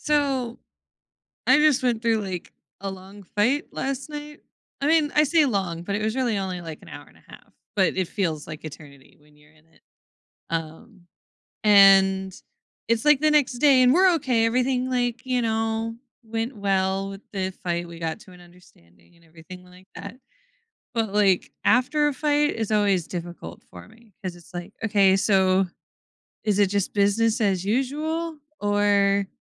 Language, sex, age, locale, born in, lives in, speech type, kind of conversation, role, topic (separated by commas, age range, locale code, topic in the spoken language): English, female, 25-29, en-US, United States, United States, conversation, advice, user, How can I reconnect and rebuild trust with my partner after a bad fight?